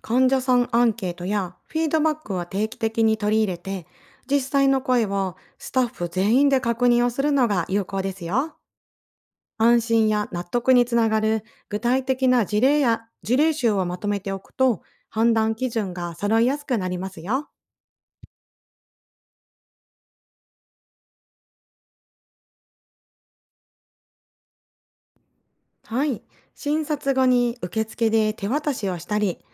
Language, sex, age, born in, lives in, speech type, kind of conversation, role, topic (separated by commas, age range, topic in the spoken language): Japanese, female, 40-44, Japan, Japan, read, expert-assistant, expert, 患者さんの待ち時間を減らすために、どのようにスケジュールを組むのが良いでしょうか？